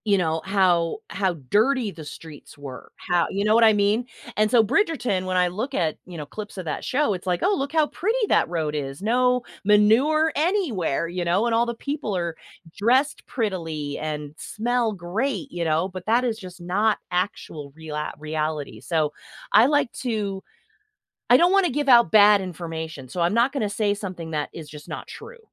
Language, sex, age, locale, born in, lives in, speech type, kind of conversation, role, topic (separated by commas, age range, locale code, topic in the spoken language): English, female, 45-49, en-US, United States, United States, conversation, podcast, guest, How can experiencing failure lead to personal growth and resilience?